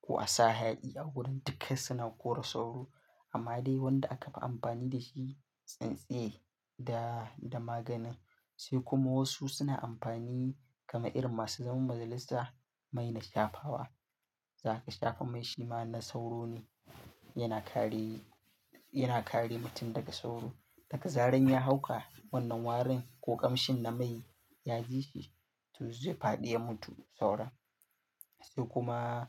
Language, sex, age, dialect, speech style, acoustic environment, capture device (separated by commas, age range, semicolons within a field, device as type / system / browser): Hausa, male, 18-24, Nigeria - Katsina, happy; focused; stressed; excited; nervous; relaxed; tired; anxious, silence; construction; traffic; nature; music; people talking; appliances, mobile / Linux / Chrome